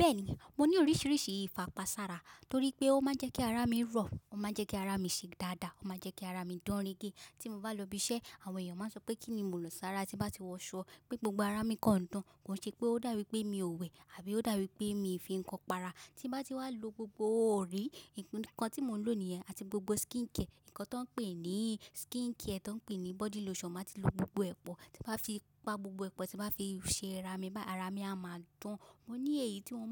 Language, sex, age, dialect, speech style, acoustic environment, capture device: Yoruba, female, 25-34, Nigeria - Lagos Yoruba, relaxed; focused, silence, mobile / macOS / Safari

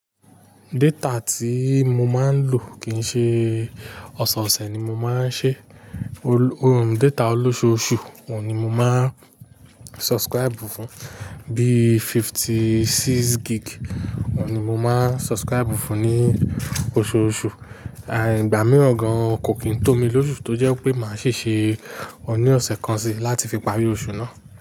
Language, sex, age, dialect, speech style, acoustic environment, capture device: Yoruba, male, 35-44, Nigeria - Nigerian Standard, relaxed, nature, mobile / Linux / Chrome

